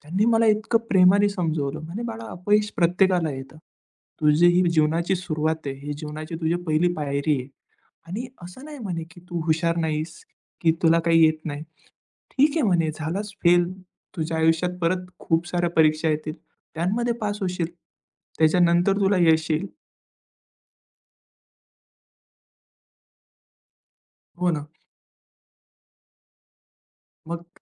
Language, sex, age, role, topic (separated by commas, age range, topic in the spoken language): Marathi, male, 20-24, guest, फेल झाल्यावर पुन्हा कशी सुरुवात करायची?